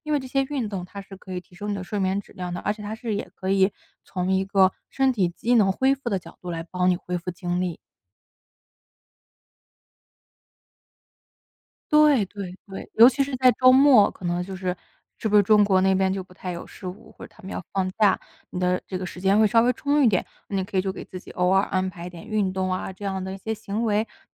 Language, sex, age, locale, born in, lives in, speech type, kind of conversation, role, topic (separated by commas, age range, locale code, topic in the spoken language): Chinese, female, 25-29, zh-CN, China, United States, conversation, advice, advisor, 睡眠紊乱如何影响身体恢复和精力充沛？